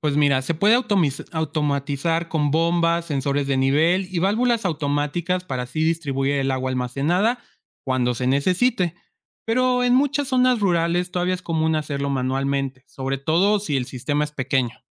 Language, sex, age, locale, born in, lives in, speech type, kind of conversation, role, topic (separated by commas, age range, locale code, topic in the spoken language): Spanish, male, 25-29, es-MX, Mexico, Mexico, read, expert-assistant, expert, ¿Qué nuevas tecnologías o métodos podríamos probar para ahorrar agua en el riego agrícola sin sacrificar la producción?